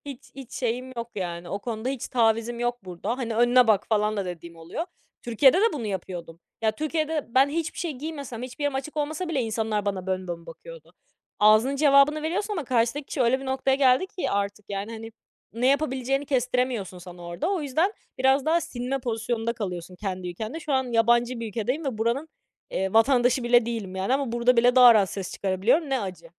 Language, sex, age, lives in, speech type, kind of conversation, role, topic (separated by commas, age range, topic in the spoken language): Turkish, female, 20-24, France, conversation, podcast, guest, Kıyafetlerinle kendini saklıyor musun yoksa ortaya koyuyor musun?